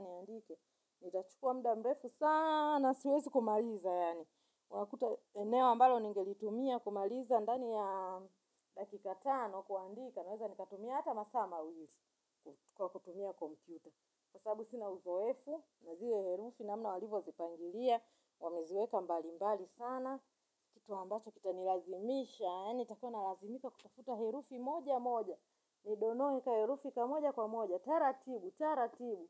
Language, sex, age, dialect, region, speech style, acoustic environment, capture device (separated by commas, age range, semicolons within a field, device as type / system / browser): Swahili, female, 35-44, Tanzania - Dar es Salaam, Tanzania, focused, nature; silence, mobile / Linux / Chrome